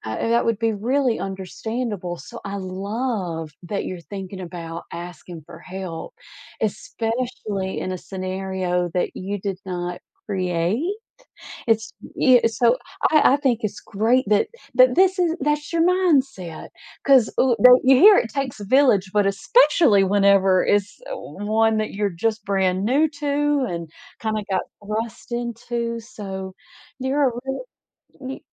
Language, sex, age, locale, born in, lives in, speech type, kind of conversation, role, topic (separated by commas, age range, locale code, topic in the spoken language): English, female, 40-44, en-US, United States, United States, conversation, advice, advisor, How can I ask for help when I hesitate?